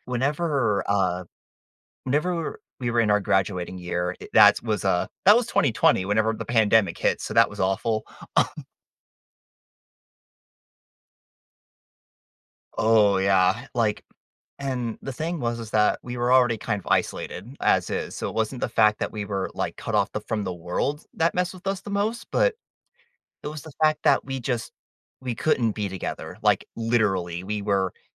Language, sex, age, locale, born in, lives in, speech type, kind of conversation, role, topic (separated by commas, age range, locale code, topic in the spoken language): English, male, 20-24, en-US, United States, United States, conversation, podcast, guest, How do meaningful achievements shape your sense of self-worth?